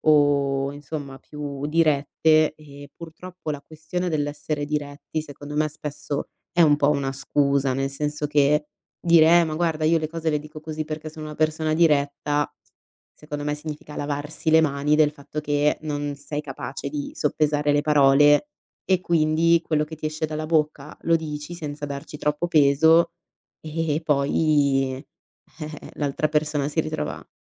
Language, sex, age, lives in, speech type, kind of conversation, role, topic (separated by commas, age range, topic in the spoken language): Italian, female, 25-29, Italy, conversation, podcast, guest, Come bilanci onestà e gentilezza nelle parole?